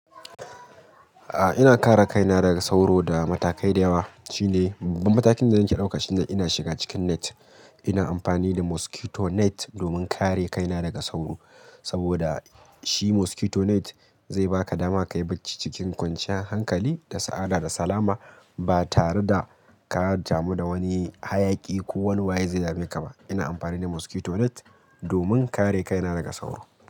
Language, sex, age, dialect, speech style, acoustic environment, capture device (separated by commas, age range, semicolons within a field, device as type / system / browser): Hausa, male, 25-34, Nigeria - Kano, happy; excited; focused, people talking; traffic; music; nature; appliances, mobile / Linux / Chrome